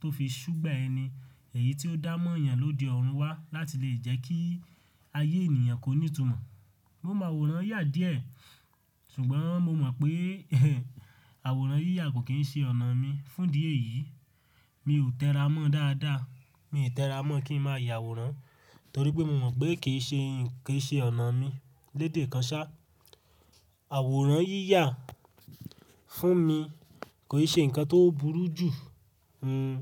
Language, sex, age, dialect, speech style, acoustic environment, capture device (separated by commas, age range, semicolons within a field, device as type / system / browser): Yoruba, male, 18-24, Nigeria - Ibadan, happy, silence, mobile / Linux / Chrome